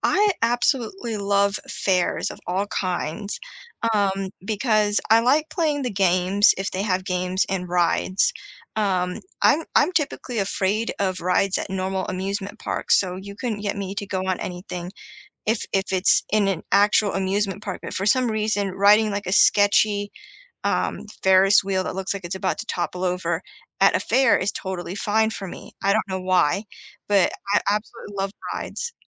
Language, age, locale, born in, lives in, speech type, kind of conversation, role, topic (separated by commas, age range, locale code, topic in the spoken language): English, 30-34, en-US, United States, United States, conversation, unstructured, none, What do you enjoy most about community festivals or fairs?